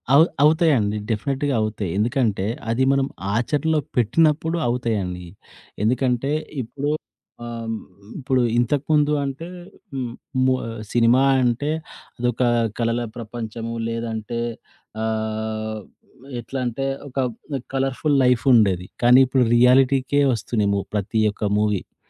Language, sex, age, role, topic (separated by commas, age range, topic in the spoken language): Telugu, male, 40-44, guest, రైతులతో నేరుగా కొనుగోలు ఎలా జరుగుతుంది?